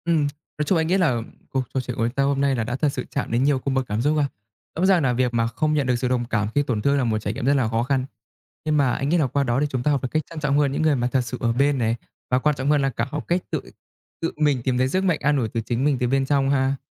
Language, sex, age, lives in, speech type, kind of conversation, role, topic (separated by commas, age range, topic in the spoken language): Vietnamese, male, 20-24, Vietnam, conversation, unstructured, none, Bạn có cảm thấy tức giận khi thấy người khác không quan tâm đến nỗi mất mát của bạn không?